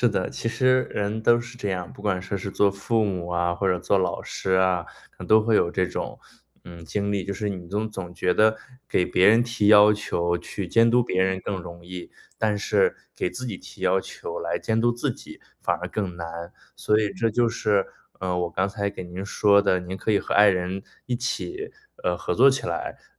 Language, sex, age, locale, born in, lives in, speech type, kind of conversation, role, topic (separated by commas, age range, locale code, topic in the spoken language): Chinese, male, 30-34, zh-CN, China, United States, conversation, advice, advisor, 我怎样才能养成睡前不看屏幕的习惯？